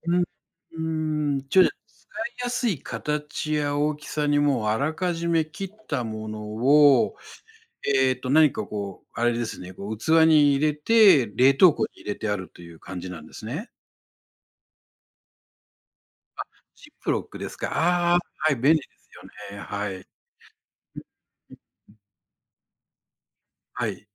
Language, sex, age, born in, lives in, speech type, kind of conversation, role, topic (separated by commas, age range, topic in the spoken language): Japanese, male, 60-64, Japan, Japan, conversation, podcast, host, 料理を楽にするためのコツは何ですか？